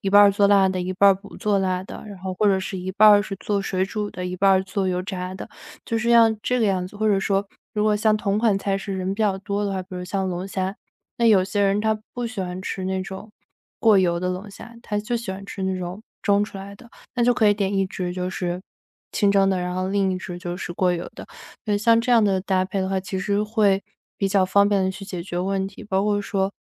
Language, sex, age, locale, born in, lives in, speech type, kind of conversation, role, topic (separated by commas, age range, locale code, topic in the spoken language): Chinese, female, 25-29, zh-CN, China, United States, conversation, podcast, guest, 你有什么妙招能让客人吃得开心又不浪费？